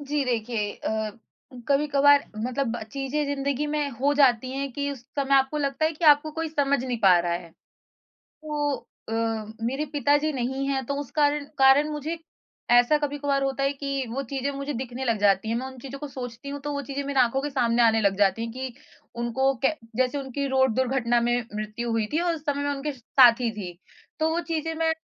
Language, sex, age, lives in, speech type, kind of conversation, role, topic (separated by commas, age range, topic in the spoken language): Hindi, female, 20-24, India, conversation, advice, user, क्या आपको रात में नियमित समय पर सोने में असफलता महसूस होती है?